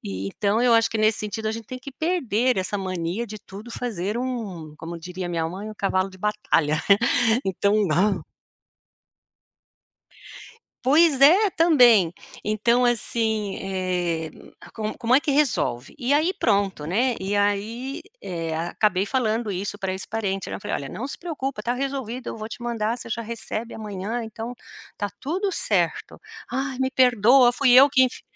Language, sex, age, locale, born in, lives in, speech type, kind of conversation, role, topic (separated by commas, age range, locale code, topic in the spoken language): Portuguese, female, 55-59, pt-BR, Brazil, United States, conversation, podcast, guest, Como você pode aprender com os seus erros sem se punir?